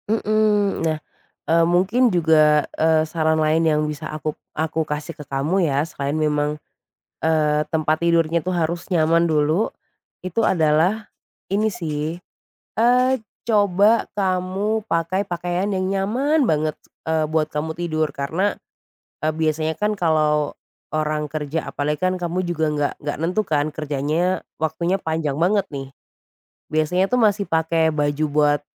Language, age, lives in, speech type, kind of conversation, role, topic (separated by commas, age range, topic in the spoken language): Indonesian, 25-29, Indonesia, conversation, advice, advisor, Mengapa saya sulit tidur terus-menerus meski merasa sangat lelah?